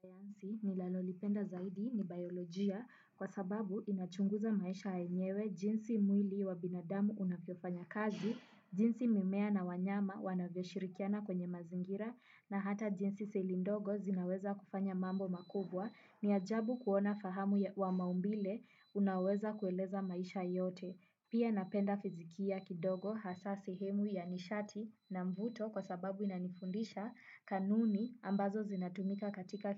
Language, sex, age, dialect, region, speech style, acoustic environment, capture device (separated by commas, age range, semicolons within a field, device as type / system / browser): Swahili, female, 18-24, Kenya - Nairobi Sheng-influenced, Kenya, relaxed, silence, desktop / Windows / Chrome